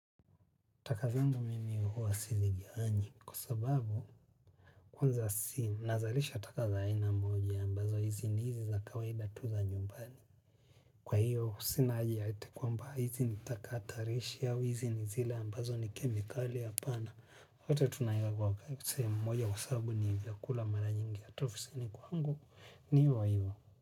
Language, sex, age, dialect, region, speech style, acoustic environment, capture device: Swahili, male, 25-34, Tanzania - Dar es Salaam, Tanzania, relaxed, people talking; music; nature, mobile / Linux / Chrome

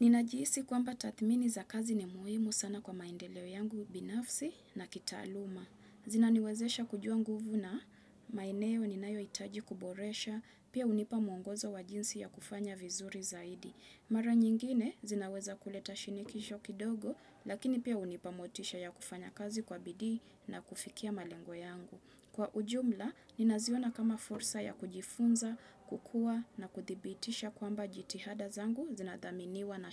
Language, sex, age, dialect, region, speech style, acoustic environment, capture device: Swahili, female, 25-34, Kenya - Mombasa, Kenya, relaxed, nature, desktop / Windows / Chrome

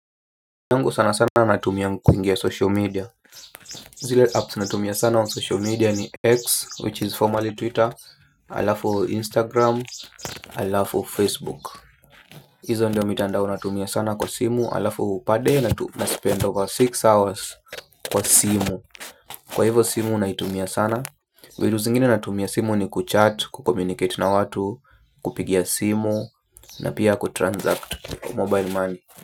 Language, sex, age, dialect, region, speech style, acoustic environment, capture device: Swahili, male, 25-34, Kenya - Nairobi Sheng-influenced, Kenya, relaxed; focused, silence, mobile / Linux / Chrome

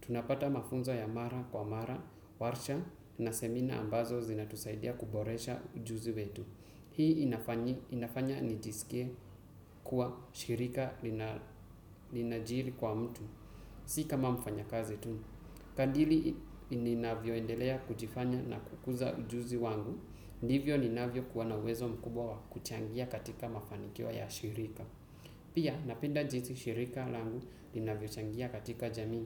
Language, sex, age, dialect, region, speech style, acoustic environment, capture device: Swahili, male, 18-24, Kenya - Mombasa, Kenya, focused, silence, desktop / Windows / Chrome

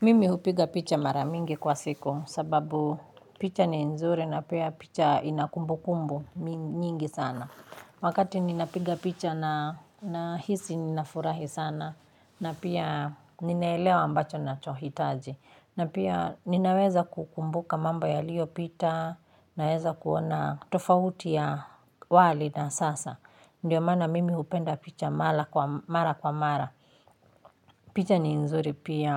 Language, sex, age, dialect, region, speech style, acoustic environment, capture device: Swahili, female, 35-44, Kenya - Nairobi Sheng-influenced, Kenya, happy, construction, mobile / Linux / Chrome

